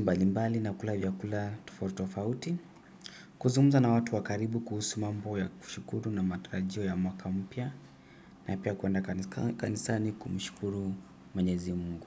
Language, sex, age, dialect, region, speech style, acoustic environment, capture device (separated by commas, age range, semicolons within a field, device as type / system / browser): Swahili, male, 25-34, Kenya - Mombasa, Kenya, happy, silence, desktop / Windows / Edge